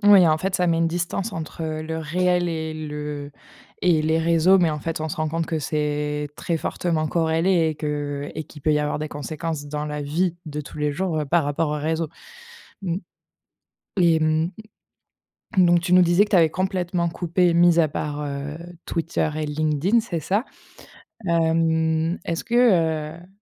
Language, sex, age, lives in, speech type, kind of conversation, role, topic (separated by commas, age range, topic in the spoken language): French, female, 25-29, France, conversation, podcast, host, Quel est ton rapport aux réseaux sociaux au quotidien ?